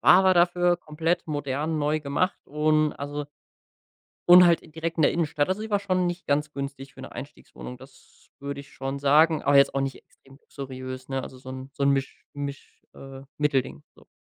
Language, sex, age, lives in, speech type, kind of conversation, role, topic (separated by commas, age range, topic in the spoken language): German, male, 25-29, Germany, conversation, podcast, guest, Was hat dir dein erster eigener Wohnraum bedeutet?